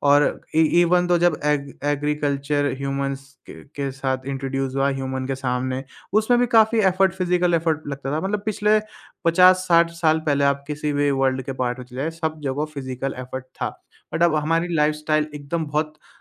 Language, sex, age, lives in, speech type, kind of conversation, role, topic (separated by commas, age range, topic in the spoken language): Hindi, male, 20-24, India, conversation, podcast, guest, अपने morning walk ko mindful banane ke liye aapke favorite tips kya hain?